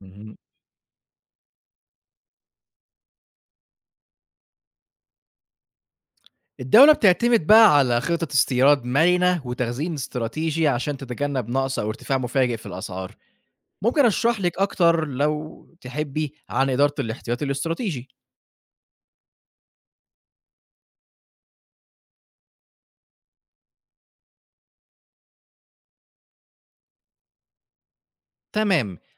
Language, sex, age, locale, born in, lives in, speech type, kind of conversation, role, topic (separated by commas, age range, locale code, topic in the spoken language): Arabic, male, 25-29, ar-EG, Egypt, Egypt, read, expert-assistant, expert, هل دعم الحكومة للتموين ولسلع الأساسية ليه تأثيرات إيجابية أكتر ولا سلبية في المدى الطويل؟